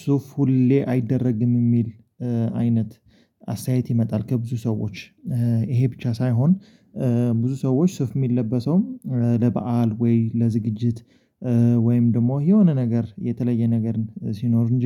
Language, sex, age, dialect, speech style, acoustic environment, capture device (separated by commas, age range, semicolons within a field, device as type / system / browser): Amharic, male, 25-34, Ethiopia - Addis Ababa, focused, silence, mobile / Linux / Chrome